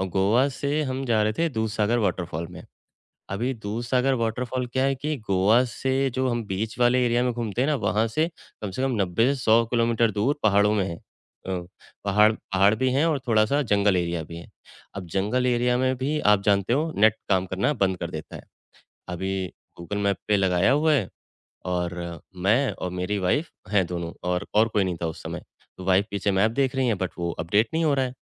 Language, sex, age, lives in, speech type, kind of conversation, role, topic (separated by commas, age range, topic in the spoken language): Hindi, male, 35-39, India, conversation, podcast, guest, Language barrier ki wajah se rasta poochte waqt aapka kaisa scene hua?